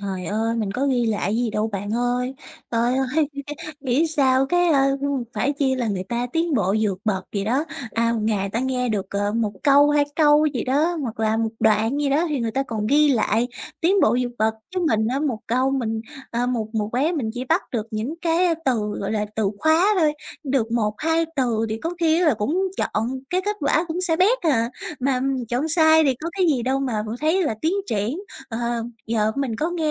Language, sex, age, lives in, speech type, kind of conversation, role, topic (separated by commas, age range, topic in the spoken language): Vietnamese, female, 20-24, Vietnam, conversation, advice, user, Làm sao để giữ kiên nhẫn khi không thấy tiến triển?